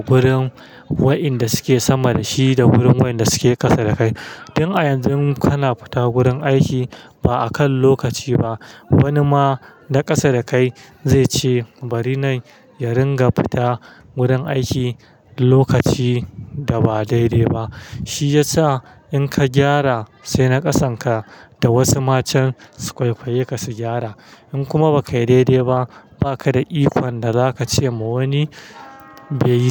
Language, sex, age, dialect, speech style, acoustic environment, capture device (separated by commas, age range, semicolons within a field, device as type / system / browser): Hausa, male, 25-34, Nigeria - Kano, happy; stressed, silence; traffic, mobile / Linux / Chrome